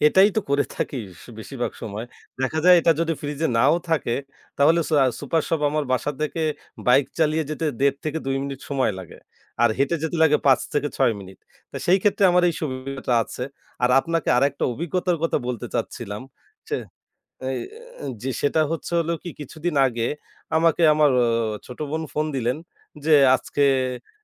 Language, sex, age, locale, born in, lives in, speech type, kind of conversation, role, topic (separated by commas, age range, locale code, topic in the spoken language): Bengali, male, 25-29, bn-BD, Bangladesh, Bangladesh, conversation, podcast, guest, বন্ধু বা অতিথি এলে তুমি কীভাবে প্রস্তুতি নাও?